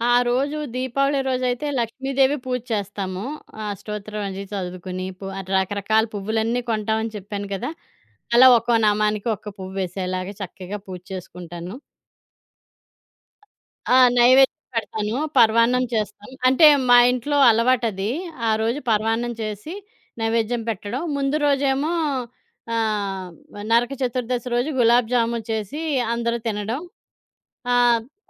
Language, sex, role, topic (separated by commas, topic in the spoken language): Telugu, female, guest, కుటుంబ సంప్రదాయాలు నీ కళను ఎలా ఆకారపెట్టాయి?